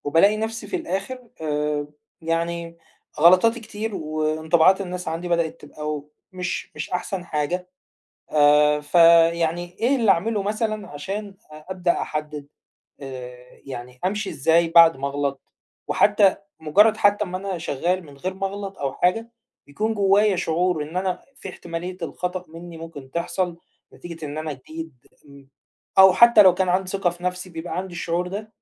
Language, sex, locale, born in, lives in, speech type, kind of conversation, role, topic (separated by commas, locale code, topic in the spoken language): Arabic, male, ar-EG, Egypt, Egypt, conversation, advice, user, إزاي أتعلم أتقبل أخطائي بدل ما أخاف من الفشل كجزء من تقدمي؟